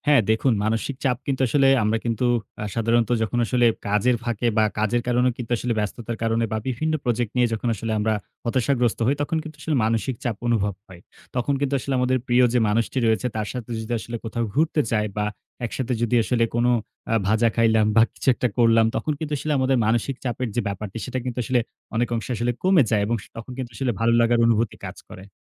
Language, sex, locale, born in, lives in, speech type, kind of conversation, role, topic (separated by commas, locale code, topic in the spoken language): Bengali, male, bn-BD, Bangladesh, Bangladesh, conversation, podcast, guest, তুমি মানসিক চাপ কমাতে কী করো?